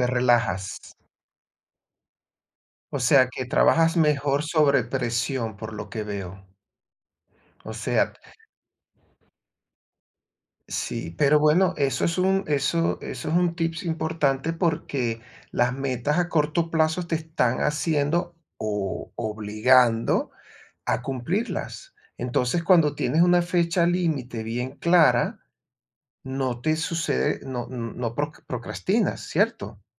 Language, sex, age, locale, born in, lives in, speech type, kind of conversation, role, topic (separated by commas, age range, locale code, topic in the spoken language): Spanish, male, 55-59, es-VE, Venezuela, Portugal, conversation, advice, advisor, ¿Cómo puedo dejar de procrastinar y priorizar mis tareas?